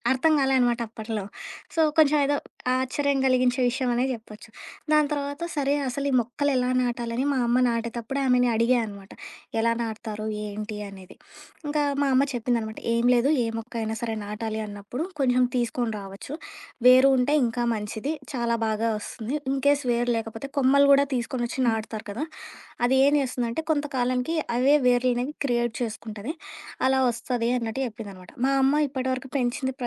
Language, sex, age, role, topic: Telugu, female, 25-29, guest, కంపోస్టు చేయడం సులభమేనా, దాన్ని ఎలా మొదలుపెట్టాలి?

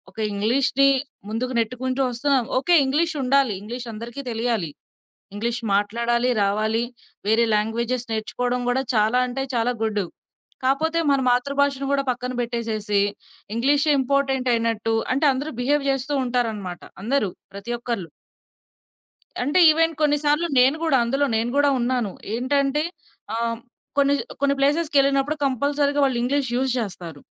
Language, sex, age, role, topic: Telugu, female, 25-29, guest, చాలా కాలం గడిచిన తర్వాత నీ భాష ఏ స్థితిలో ఉండాలని నువ్వు కోరుకుంటావు?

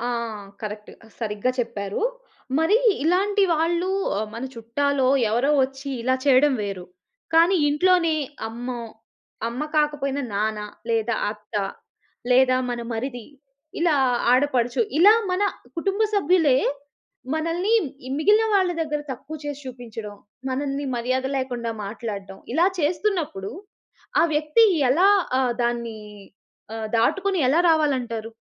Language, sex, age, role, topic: Telugu, female, 30-34, host, ఎవరైనా మీకు లేదా ఇతరులకు గౌరవం చూపించకపోతే మీరు ఎలా వ్యవహరిస్తారు?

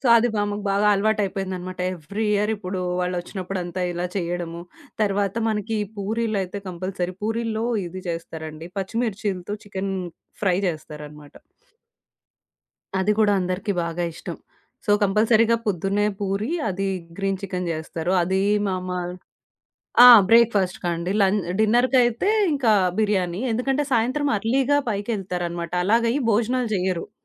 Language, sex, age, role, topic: Telugu, female, 30-34, guest, పండుగ సంస్కృతిలో మీకు గుర్తుండిపోయిన ఒక వంటకం ఏది?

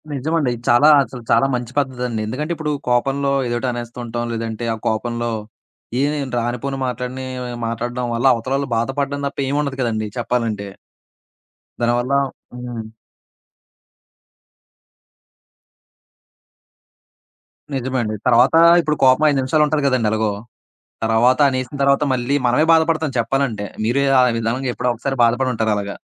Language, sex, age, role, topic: Telugu, male, 20-24, host, మీ ఇంట్లో అందరితో మాట్లాడే విధానం ఎలా ఉంటుంది?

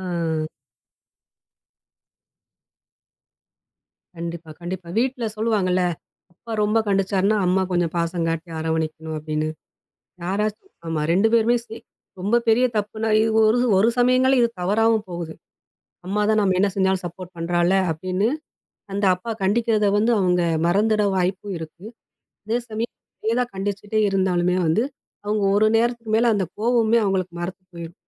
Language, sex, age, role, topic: Tamil, female, 40-44, guest, வீட்டில் நேர்மையான உரையாடல் பழக்கத்தை எப்படி ஊக்குவிக்கலாம்?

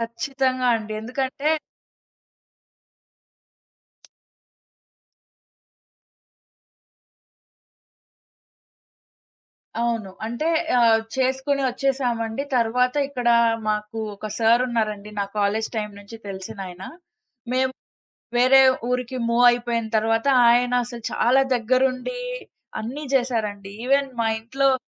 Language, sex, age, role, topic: Telugu, female, 20-24, guest, మీకు అత్యంత అవసరమైన సమయంలో ఎవరో మీకు సహాయం చేసిన అనుభవం ఉందా?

